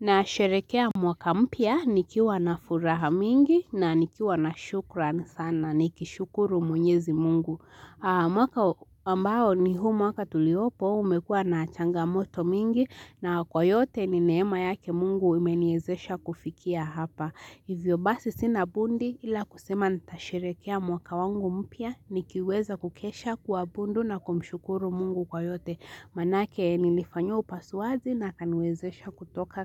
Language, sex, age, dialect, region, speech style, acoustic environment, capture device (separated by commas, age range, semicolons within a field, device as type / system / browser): Swahili, female, 18-24, Kenya - Nairobi Sheng-influenced, Kenya, relaxed, silence, mobile / Linux / Chrome